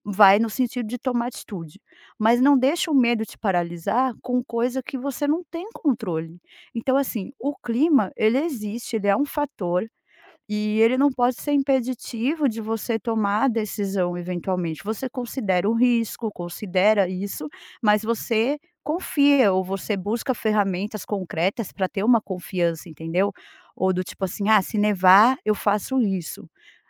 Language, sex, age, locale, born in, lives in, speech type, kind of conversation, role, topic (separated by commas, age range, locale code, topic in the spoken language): Portuguese, female, 40-44, pt-BR, Brazil, United States, conversation, advice, advisor, Como você pode lidar com o medo de tomar decisões importantes?